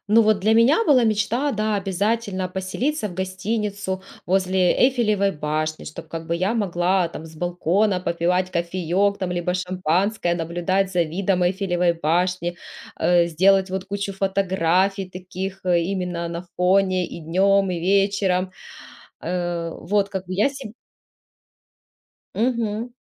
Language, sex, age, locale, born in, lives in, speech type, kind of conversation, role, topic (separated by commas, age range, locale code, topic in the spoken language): Russian, female, 35-39, ru-RU, Ukraine, Spain, conversation, podcast, guest, Какое путешествие мечты вы планируете?